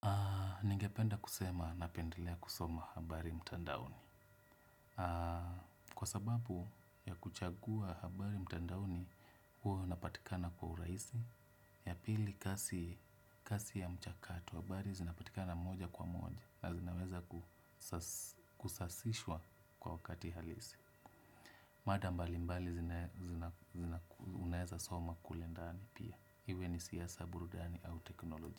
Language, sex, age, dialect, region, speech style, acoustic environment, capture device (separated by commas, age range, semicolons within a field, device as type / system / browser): Swahili, male, 25-34, Kenya - Nairobi Sheng-influenced, Kenya, relaxed; happy, silence, desktop / Windows / Chrome